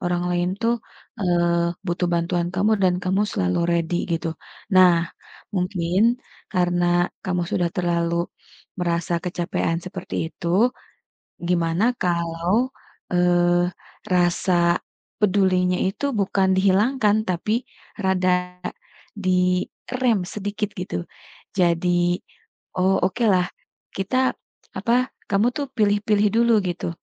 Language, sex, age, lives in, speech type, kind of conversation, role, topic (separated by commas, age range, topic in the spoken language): Indonesian, female, 35-39, Indonesia, conversation, advice, advisor, Mengapa kamu sulit mengatakan tidak hingga rasa kesal terus menumpuk?